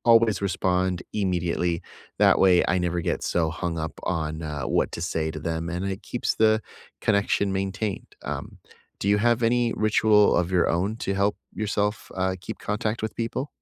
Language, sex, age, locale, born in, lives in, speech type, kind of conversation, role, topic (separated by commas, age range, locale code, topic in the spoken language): English, male, 30-34, en-US, United States, United States, conversation, unstructured, none, Which small rituals help you feel close across distance, and how do they nurture connection?